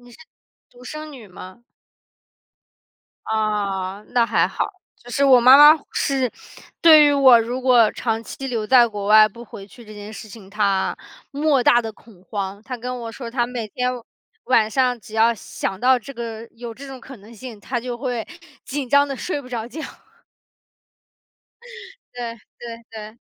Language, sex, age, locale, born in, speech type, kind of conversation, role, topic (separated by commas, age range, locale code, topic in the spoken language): Chinese, male, 25-29, zh-CN, China, conversation, unstructured, none, 你觉得家人接纳真实的你重要吗？